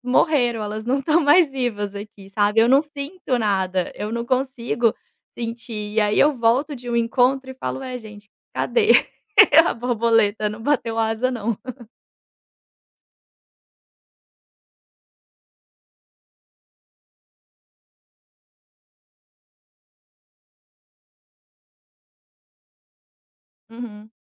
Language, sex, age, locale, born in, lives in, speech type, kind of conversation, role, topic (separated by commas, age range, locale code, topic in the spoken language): Portuguese, female, 30-34, pt-BR, Brazil, Portugal, conversation, advice, user, Como posso lidar com o medo de nunca mais conseguir amar outra pessoa?